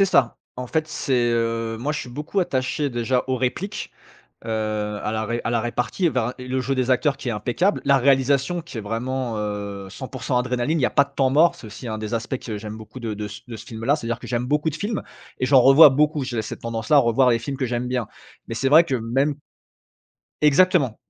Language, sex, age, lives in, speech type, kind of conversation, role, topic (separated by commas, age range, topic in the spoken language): French, male, 35-39, France, conversation, podcast, guest, Quel film t’a vraiment marqué, et pourquoi ?